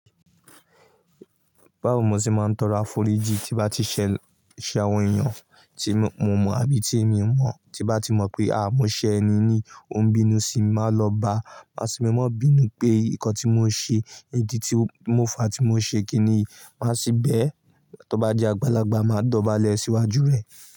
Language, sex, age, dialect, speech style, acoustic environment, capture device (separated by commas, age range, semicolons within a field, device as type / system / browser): Yoruba, male, 18-24, Nigeria - Ibadan, focused, nature, mobile / Linux / Chrome